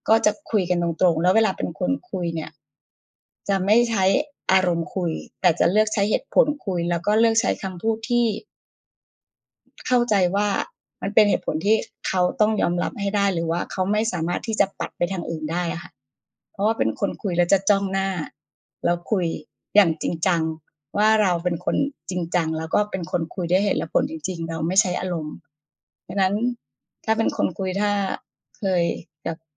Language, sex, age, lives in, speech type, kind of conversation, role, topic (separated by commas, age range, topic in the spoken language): Thai, female, 40-44, Thailand, conversation, unstructured, none, ถ้ามีคนไม่ชอบตัวตนของคุณ คุณจะรับมืออย่างไร?